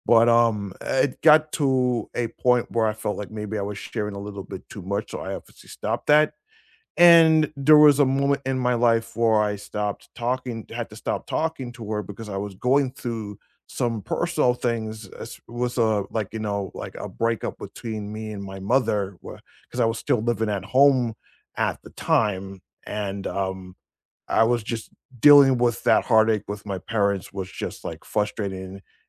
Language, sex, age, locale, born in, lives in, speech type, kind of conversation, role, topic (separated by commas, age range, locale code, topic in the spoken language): English, male, 35-39, en-US, United States, United States, conversation, unstructured, none, Have you ever felt angry when someone used your past against you?